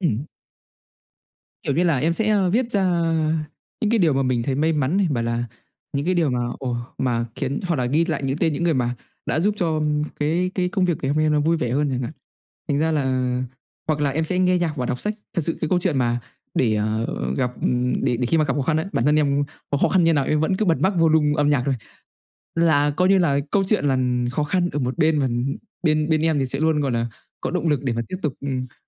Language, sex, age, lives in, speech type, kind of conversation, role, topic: Vietnamese, male, 20-24, Vietnam, conversation, unstructured, none, Làm thế nào để giữ tinh thần lạc quan khi gặp khó khăn?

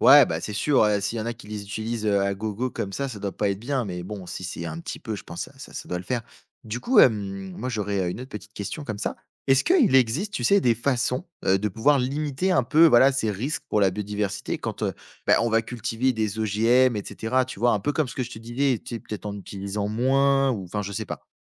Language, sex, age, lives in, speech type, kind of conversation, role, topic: French, male, 20-24, France, read, expert-assistant, asker, Les OGM sont-ils vraiment risqués pour la santé des bébés ?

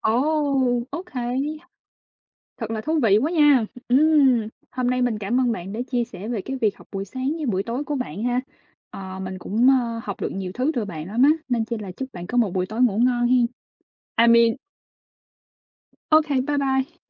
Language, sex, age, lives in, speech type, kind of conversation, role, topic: Vietnamese, female, 25-29, United States, conversation, unstructured, none, Bạn thường chọn học vào buổi sáng hay buổi tối, và vì sao?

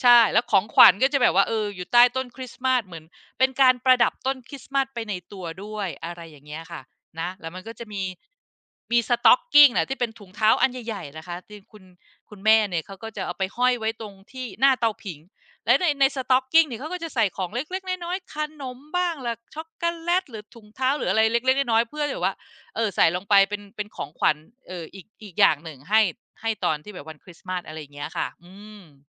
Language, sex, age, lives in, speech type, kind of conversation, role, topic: Thai, female, 45-49, Thailand, conversation, podcast, guest, ช่วงเทศกาลที่บ้านมีการผสมผสานวัฒนธรรมกันอย่างไรบ้าง?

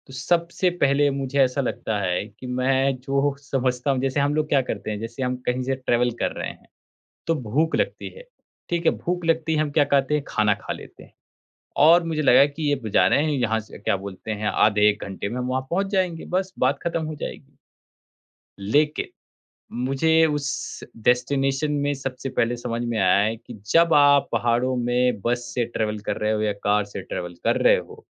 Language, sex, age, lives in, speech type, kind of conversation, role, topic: Hindi, male, 30-34, India, conversation, podcast, guest, Ek travel mistake se tumne kya sabak liya?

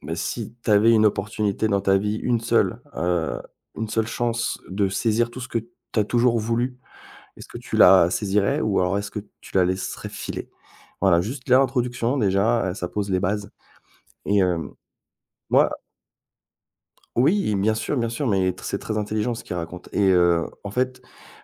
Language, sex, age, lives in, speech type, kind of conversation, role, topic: French, male, 40-44, France, conversation, podcast, guest, Quelle musique t’a aidé à te sentir mieux pendant un moment difficile ?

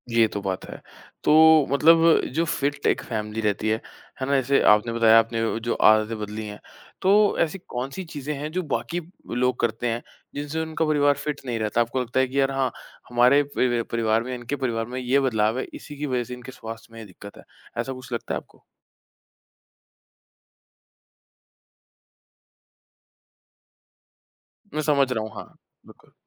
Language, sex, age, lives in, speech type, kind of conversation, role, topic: Hindi, male, 25-29, India, conversation, podcast, host, परिवार के साथ स्वस्थ आदतें कैसे विकसित की जाएँ और साझा की जाएँ?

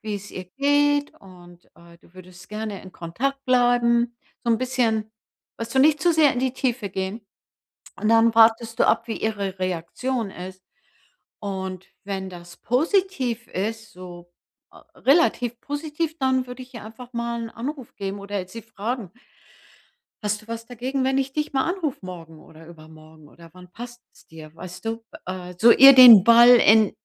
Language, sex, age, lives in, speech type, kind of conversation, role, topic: German, female, 65-69, United States, conversation, advice, advisor, Wie kann ich langfristige Freundschaften trotz eines vollen Zeitplans pflegen?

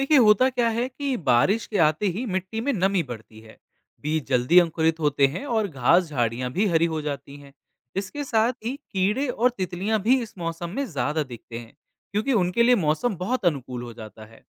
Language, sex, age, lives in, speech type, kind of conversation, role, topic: Hindi, male, 25-29, India, read, expert-assistant, expert, परिवार के साथ प्रकृति को समझने का सबसे आसान तरीका क्या है?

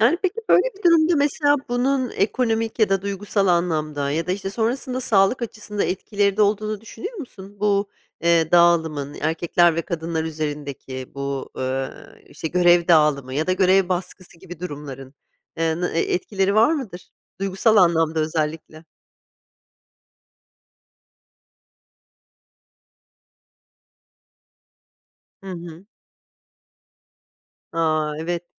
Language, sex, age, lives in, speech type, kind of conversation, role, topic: Turkish, female, 45-49, United States, conversation, podcast, host, Cinsiyete göre dağılan roller aile hayatını nasıl şekillendiriyor?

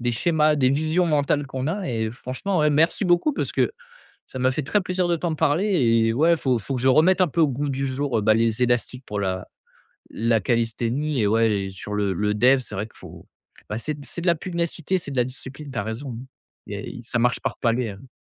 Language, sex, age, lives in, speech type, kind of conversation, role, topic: French, male, 25-29, France, conversation, advice, user, Comment gérer l’épuisement et le découragement lorsque mes progrès stagnent ?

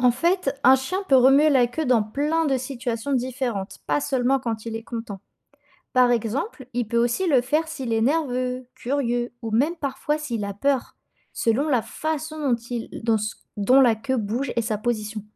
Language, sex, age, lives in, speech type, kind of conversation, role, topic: French, female, 20-24, France, read, expert-assistant, expert, Pourquoi les chiens remuent-ils la queue ?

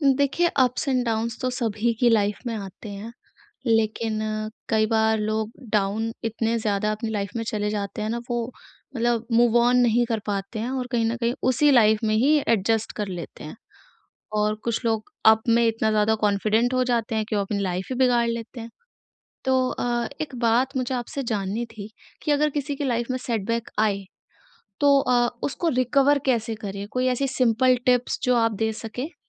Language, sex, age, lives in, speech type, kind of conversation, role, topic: Hindi, female, 20-24, India, conversation, podcast, host, Kisi setback se recover karne ke tumhare simple tips kya hain?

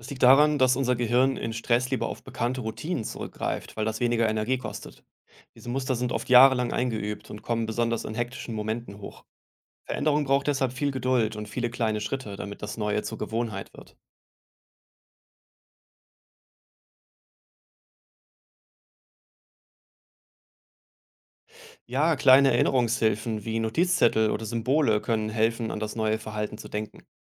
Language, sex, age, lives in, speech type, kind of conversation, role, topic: German, male, 35-39, Germany, read, expert-assistant, expert, Was hilft, wenn ich merke, dass ich immer wieder in alte Muster zurückfalle?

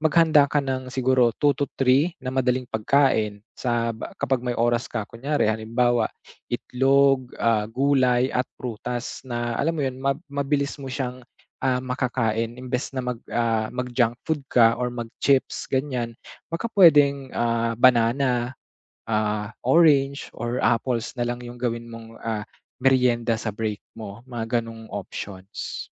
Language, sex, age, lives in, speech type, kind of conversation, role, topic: Filipino, male, 25-29, Philippines, conversation, advice, advisor, Paano ako makakabuo ng bagong malusog na gawi?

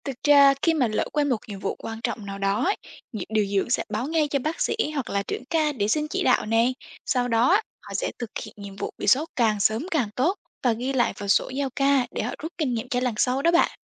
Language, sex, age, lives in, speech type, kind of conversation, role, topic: Vietnamese, female, 18-19, Vietnam, read, expert-assistant, expert, Khi chăm sóc nhiều bệnh nhân cùng lúc, điều dưỡng có mẹo nào để không bỏ sót nhiệm vụ quan trọng không?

